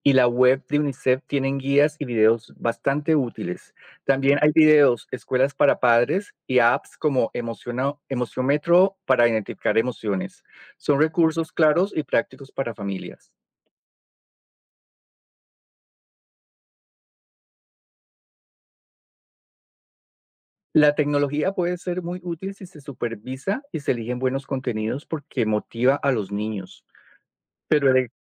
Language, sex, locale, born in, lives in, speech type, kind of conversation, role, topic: Spanish, male, es-CO, Colombia, United States, read, expert-assistant, expert, ¿Qué significa 'salud mental' y por qué es importante en la vida diaria?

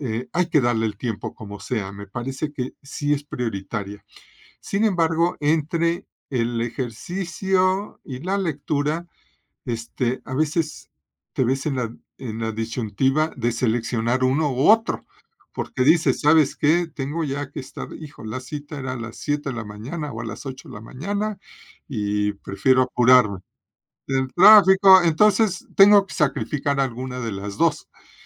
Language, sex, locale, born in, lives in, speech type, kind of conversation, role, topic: Spanish, male, es-MX, Mexico, Mexico, conversation, podcast, host, ¿Qué hábito matutino te cambia por completo el ánimo?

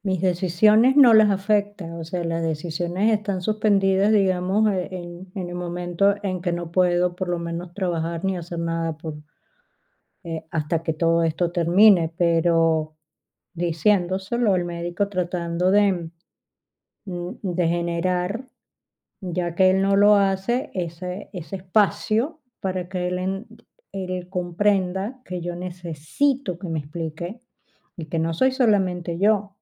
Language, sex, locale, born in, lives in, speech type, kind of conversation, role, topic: Spanish, female, es-VE, Spain, Spain, conversation, advice, user, ¿Cómo estás llevando la espera de resultados importantes, ya sean médicos, legales o laborales?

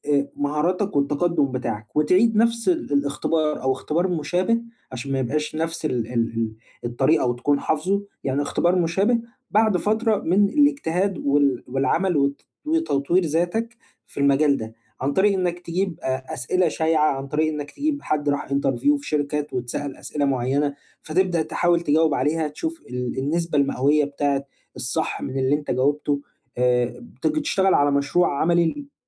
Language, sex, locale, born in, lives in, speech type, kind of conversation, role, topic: Arabic, male, ar-EG, Egypt, Egypt, conversation, advice, advisor, إزاي ألاحظ وأحتفل بالتقدّم الصغير في حياتي اليومية؟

